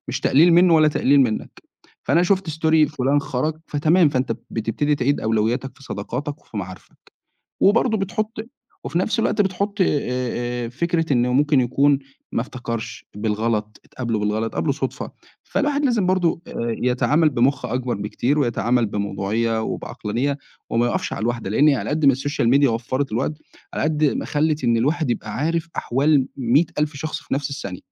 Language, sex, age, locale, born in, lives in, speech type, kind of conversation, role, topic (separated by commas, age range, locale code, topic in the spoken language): Arabic, male, 25-29, ar-EG, Egypt, Egypt, conversation, podcast, guest, إزاي السوشيال ميديا بتأثر على صحابك؟